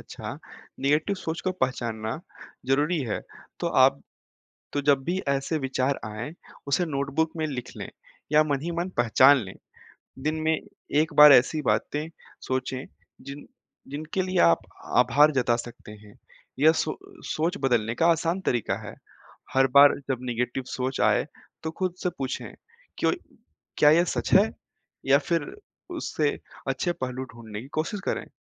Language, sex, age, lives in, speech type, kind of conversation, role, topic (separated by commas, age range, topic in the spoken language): Hindi, male, 20-24, India, read, expert-assistant, expert, कठिन समय में धैर्य कैसे रखा जाए?